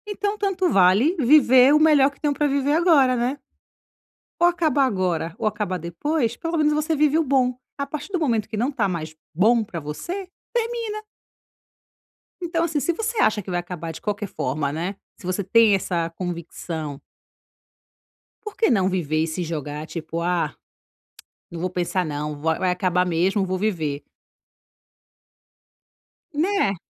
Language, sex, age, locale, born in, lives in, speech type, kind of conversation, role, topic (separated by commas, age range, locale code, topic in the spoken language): Portuguese, female, 35-39, pt-BR, Brazil, Italy, conversation, advice, advisor, Como posso alinhar minhas ações com as minhas crenças?